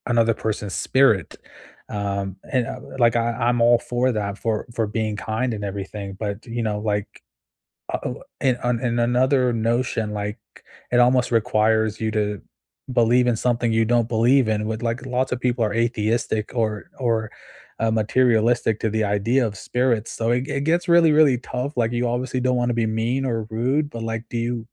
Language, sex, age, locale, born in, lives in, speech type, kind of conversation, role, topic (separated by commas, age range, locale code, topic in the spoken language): English, male, 25-29, en-US, United States, United States, conversation, unstructured, none, How do you balance freedom, safety, and fairness in everyday decisions?